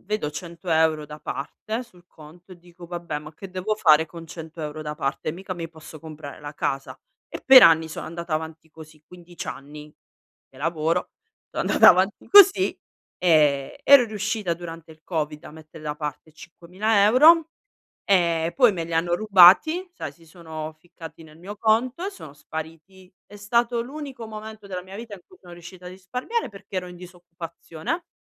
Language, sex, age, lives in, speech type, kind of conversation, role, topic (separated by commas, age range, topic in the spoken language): Italian, female, 35-39, Italy, conversation, advice, user, Come posso scegliere tra piaceri immediati e sicurezza finanziaria?